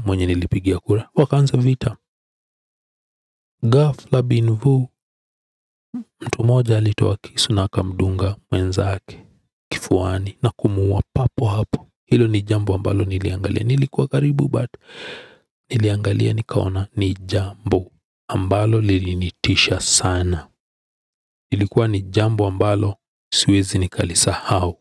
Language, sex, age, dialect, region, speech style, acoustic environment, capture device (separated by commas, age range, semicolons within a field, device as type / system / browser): Swahili, male, 35-44, Kenya - Nairobi Sheng-influenced, Kenya, relaxed, silence; people talking; appliances, desktop / Windows / Edge